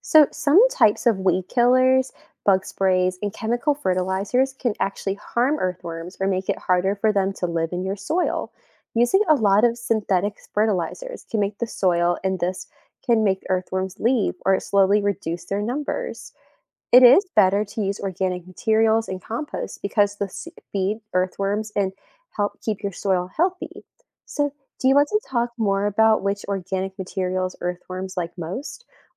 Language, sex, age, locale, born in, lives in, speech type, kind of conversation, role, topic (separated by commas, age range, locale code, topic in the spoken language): English, female, 25-29, en-US, United States, United States, read, expert-assistant, expert, Why are earthworms beneficial for soil health?